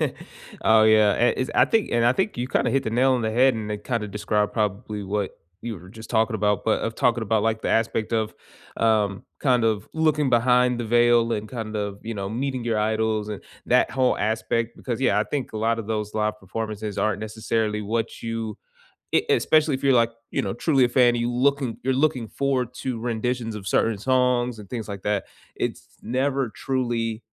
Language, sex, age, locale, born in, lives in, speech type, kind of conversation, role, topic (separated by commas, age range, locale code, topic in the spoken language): English, male, 25-29, en-US, United States, United States, conversation, unstructured, none, What is the most unforgettable live performance you have experienced in person, and what made it special?